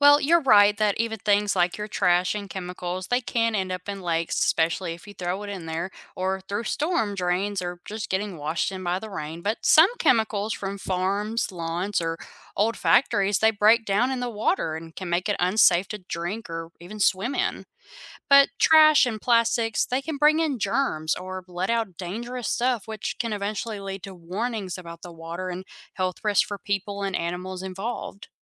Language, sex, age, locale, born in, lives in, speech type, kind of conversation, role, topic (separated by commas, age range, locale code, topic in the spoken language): English, female, 25-29, en-US, United States, United States, read, expert-assistant, expert, Why is it important to keep rivers and lakes clean?